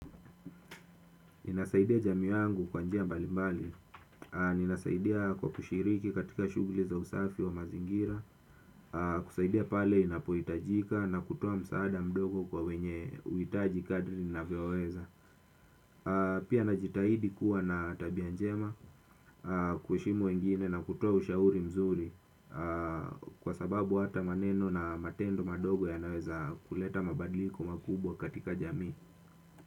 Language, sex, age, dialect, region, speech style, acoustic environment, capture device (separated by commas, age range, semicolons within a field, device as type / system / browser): Swahili, male, 25-34, Kenya - Mombasa, Kenya, focused, silence, desktop / Windows / Chrome